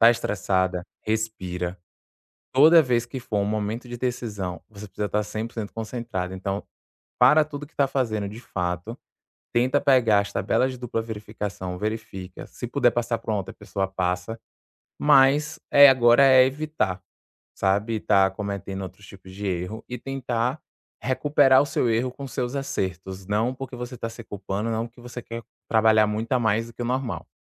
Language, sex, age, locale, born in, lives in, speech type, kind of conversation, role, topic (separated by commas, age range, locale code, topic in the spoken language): Portuguese, male, 25-29, pt-BR, Brazil, France, conversation, advice, advisor, Como reconstruir a confiança depois de ter cometido um erro?